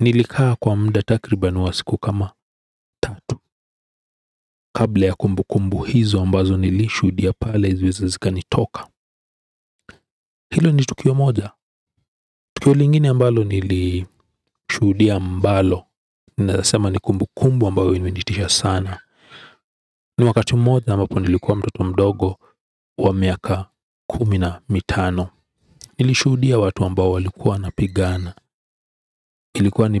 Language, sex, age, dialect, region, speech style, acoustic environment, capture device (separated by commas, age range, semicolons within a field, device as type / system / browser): Swahili, male, 35-44, Kenya - Nairobi Sheng-influenced, Kenya, relaxed, silence; people talking; appliances, desktop / Windows / Edge